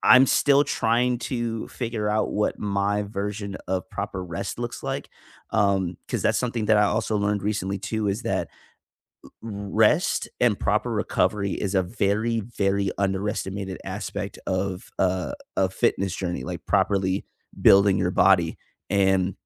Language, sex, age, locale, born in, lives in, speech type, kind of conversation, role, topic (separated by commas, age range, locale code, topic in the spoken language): English, male, 25-29, en-US, United States, United States, conversation, unstructured, none, How does physical activity help you cope with stress?